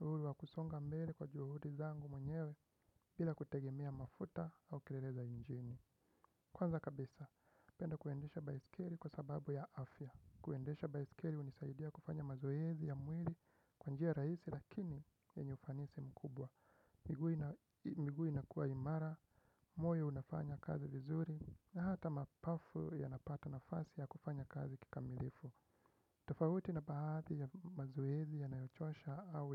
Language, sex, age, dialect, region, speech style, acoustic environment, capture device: Swahili, male, 25-34, Kenya - Nairobi Sheng-influenced, Kenya, relaxed, silence, desktop / Windows / Chrome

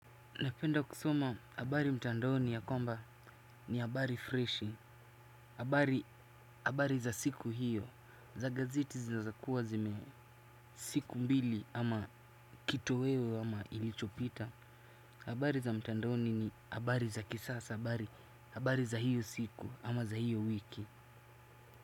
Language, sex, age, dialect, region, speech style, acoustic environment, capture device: Swahili, male, 18-24, Kenya - Nairobi Sheng-influenced, Kenya, happy, music, desktop / Windows / Chrome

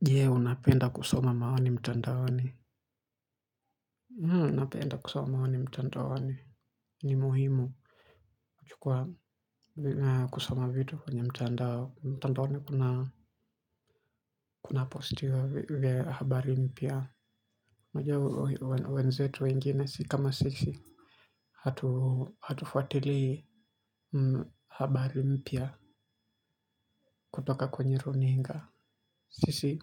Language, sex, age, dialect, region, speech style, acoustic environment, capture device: Swahili, male, 18-24, Kenya - Mombasa, Kenya, relaxed, silence, mobile / Linux / Chrome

